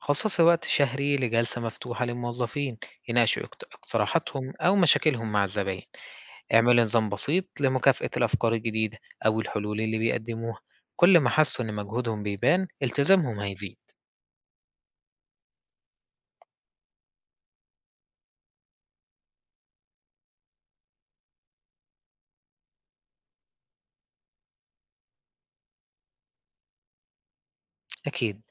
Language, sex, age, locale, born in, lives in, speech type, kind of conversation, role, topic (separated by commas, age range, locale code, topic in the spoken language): Arabic, male, 20-24, ar-EG, Egypt, Egypt, read, expert-assistant, expert, إزاي ممكن الشركات تلاقي طرق مختلفة علشان تحقق ميزة تنافسية بعيد عن الأسعار؟